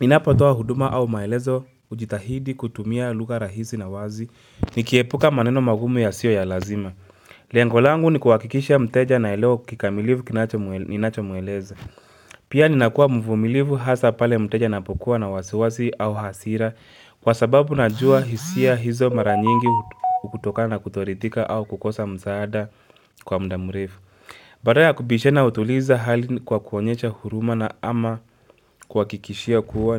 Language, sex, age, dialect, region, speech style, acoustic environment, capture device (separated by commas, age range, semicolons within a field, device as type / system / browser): Swahili, male, 25-34, Kenya - Nairobi Sheng-influenced, Kenya, focused; relaxed, nature, mobile / Linux / Chrome